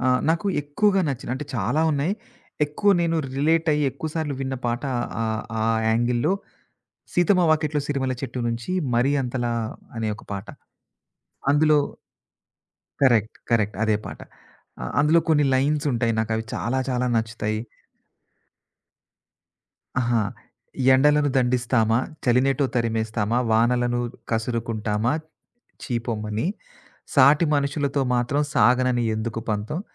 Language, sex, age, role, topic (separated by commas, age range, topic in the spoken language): Telugu, male, 40-44, guest, సినిమా పాటలతో నీ జీవితం ఎలా ముడిపడింది?